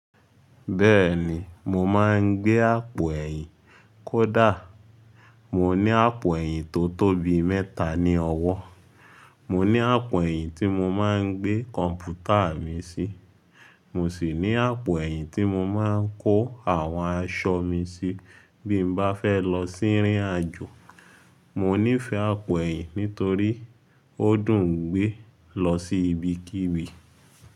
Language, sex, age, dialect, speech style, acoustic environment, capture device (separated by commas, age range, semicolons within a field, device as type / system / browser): Yoruba, male, 25-34, Nigeria - Lagos Yoruba, excited, silence, mobile / Linux / Chrome